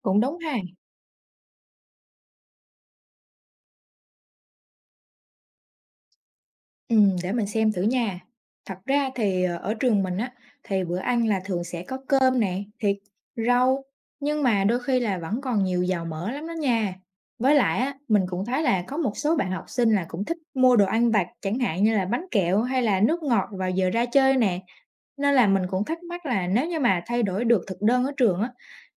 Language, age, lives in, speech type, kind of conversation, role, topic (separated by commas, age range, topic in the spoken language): Vietnamese, 20-24, Vietnam, read, expert-assistant, asker, Tác động của chế độ ăn uống lành mạnh đến sức khỏe học sinh